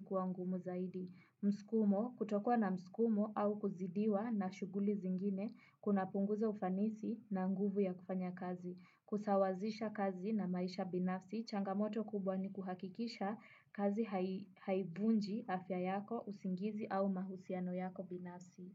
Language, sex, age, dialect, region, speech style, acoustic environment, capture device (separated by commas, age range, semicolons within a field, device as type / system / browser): Swahili, female, 18-24, Kenya - Nairobi Sheng-influenced, Kenya, relaxed, silence, desktop / Windows / Chrome